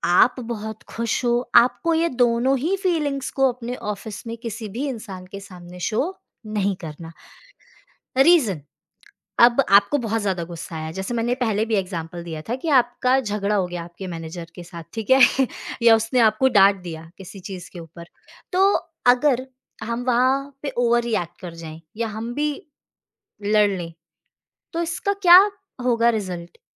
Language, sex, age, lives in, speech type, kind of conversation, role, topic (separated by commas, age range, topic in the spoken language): Hindi, female, 25-29, India, conversation, podcast, guest, ऑफिस पॉलिटिक्स से बचने या निपटने का तरीका क्या है?